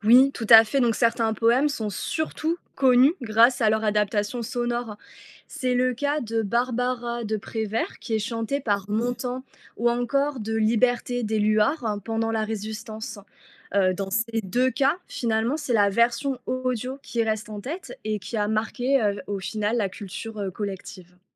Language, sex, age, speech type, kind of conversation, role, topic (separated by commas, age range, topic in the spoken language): French, female, 30-34, read, expert-assistant, expert, Écouter un poème a-t-il plus d'impact que le lire ?